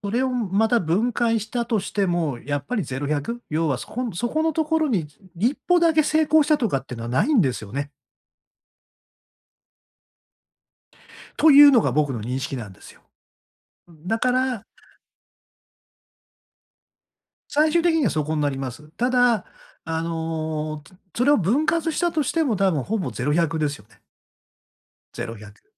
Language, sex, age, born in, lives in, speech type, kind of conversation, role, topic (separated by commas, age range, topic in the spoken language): Japanese, male, 60-64, Japan, Japan, conversation, advice, user, 小さな成功を認識して祝う習慣を身につけるにはどうすればいいですか？